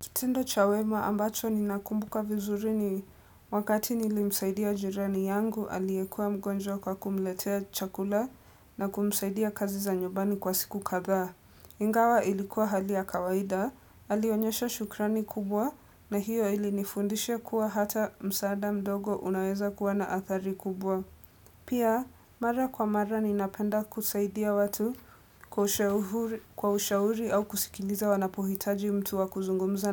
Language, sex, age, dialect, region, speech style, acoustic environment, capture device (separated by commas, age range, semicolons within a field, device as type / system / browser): Swahili, female, 18-24, Kenya - Nairobi Sheng-influenced, Kenya, relaxed, silence, desktop / Windows / Edge